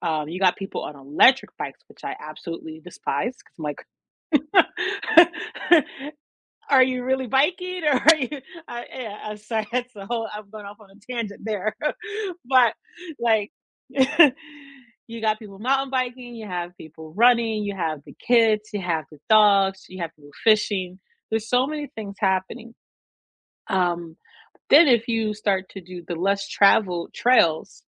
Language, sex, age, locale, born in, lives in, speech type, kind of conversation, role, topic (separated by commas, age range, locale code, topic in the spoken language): English, female, 35-39, en-US, United States, United States, conversation, unstructured, none, What people, places, and routines make you feel at home where you live, and why?